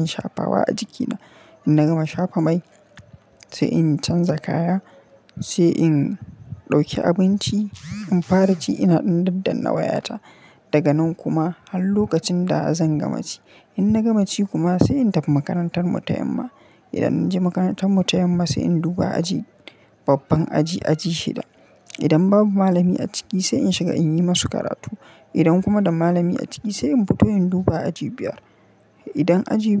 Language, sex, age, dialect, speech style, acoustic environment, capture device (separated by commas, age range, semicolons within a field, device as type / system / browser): Hausa, male, 25-34, Nigeria - Kano, happy, silence, mobile / Linux / Chrome